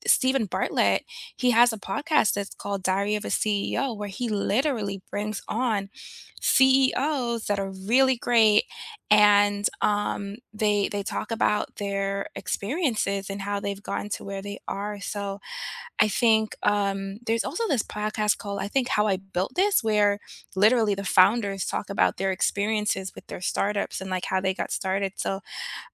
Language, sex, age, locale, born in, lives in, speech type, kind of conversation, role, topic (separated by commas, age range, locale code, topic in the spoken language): English, female, 35-39, en-US, United States, United States, conversation, unstructured, none, How do you prefer to learn new skills these days?